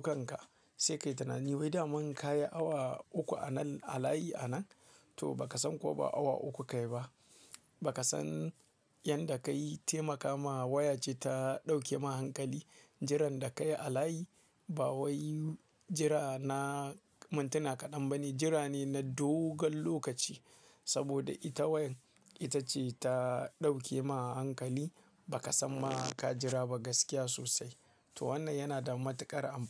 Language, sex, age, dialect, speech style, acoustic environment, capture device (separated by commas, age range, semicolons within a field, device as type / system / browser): Hausa, male, 25-34, Nigeria - Kano, happy, silence, mobile / Linux / Chrome